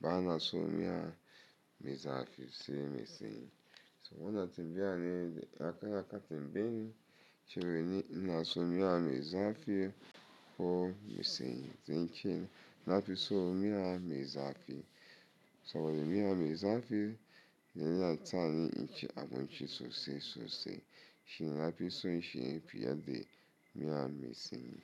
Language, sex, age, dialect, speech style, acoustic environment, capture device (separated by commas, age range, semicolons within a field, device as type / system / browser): Hausa, male, 35-44, Nigeria - Lagos Yoruba, focused, silence, mobile / Linux / Chrome